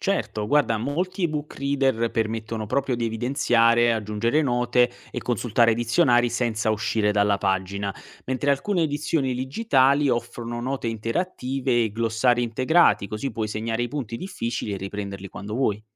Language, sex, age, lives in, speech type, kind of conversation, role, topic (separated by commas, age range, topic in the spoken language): Italian, male, 25-29, Italy, read, expert-assistant, expert, Quali strategie si possono adottare per rendere più accessibili i classici della letteratura a chi li trova inizialmente difficili o poco coinvolgenti?